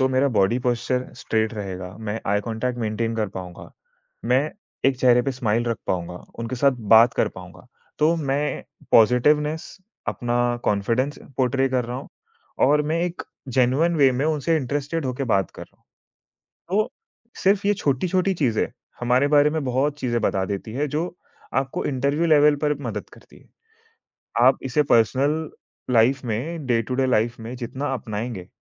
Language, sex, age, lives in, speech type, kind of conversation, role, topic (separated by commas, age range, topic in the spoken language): Hindi, male, 20-24, India, conversation, podcast, guest, Handshake ya namaste se pehla impression tum kaise lete ho?